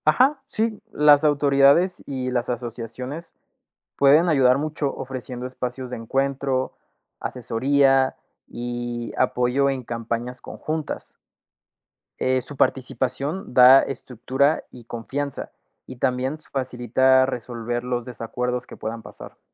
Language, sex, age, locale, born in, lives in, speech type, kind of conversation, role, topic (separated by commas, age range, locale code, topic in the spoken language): Spanish, male, 20-24, es-MX, Mexico, Mexico, read, expert-assistant, expert, ¿Cómo pueden los negocios pequeños aprovechar la globalización y la colaboración local?